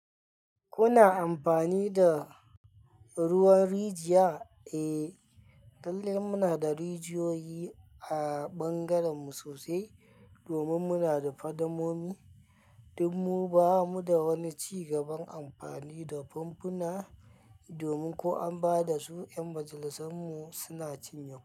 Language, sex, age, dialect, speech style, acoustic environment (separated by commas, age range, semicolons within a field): Hausa, male, 25-34, Nigeria - Kano, anxious; happy, people talking; nature